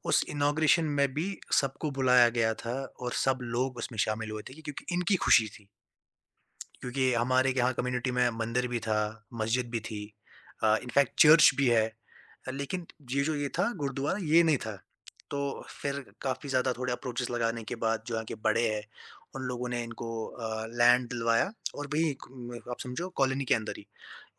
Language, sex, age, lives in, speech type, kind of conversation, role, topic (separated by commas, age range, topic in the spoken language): Hindi, male, 20-24, India, conversation, podcast, guest, Vegetarian guests ke liye celebration ka menu kaise plan karte ho?